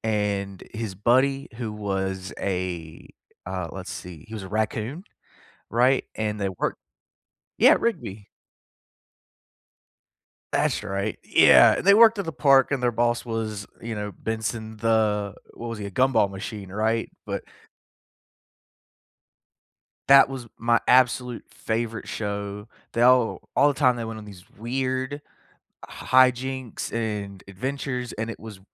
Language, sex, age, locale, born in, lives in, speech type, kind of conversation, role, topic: English, male, 25-29, en-US, United States, United States, conversation, unstructured, none, Which childhood cartoons or characters still make you smile, and what memories do they bring back?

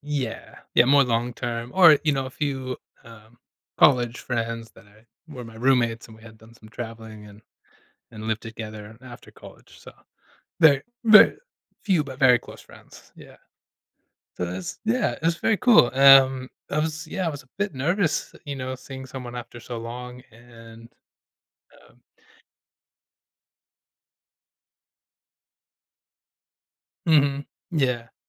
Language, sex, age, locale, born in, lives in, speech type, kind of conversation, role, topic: English, male, 35-39, en-US, United States, United States, conversation, advice, user, How can I reconnect with an old friend?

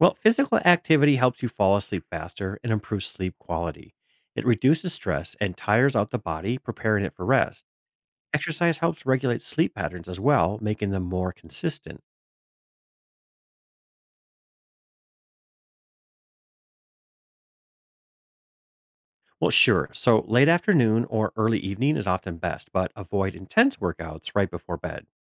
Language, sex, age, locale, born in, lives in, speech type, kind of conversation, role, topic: English, male, 45-49, en-US, United States, United States, read, expert-assistant, expert, Why is exercise important for mental health?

